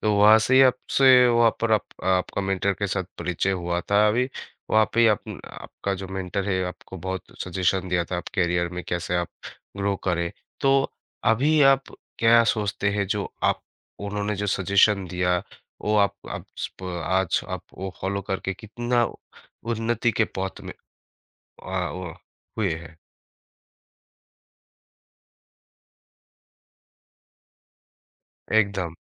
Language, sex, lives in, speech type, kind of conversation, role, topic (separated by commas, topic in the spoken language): Hindi, male, India, conversation, podcast, host, आपके करियर में किसी मेंटर ने कैसे फर्क डाला?